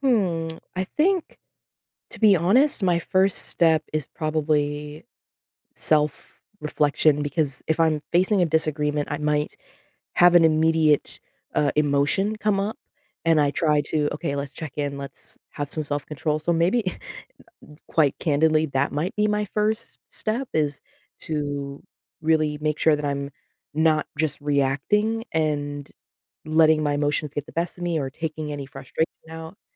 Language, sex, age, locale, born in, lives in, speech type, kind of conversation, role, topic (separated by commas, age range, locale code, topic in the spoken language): English, female, 25-29, en-US, United States, United States, conversation, unstructured, none, What is the first thing you do when you disagree with someone?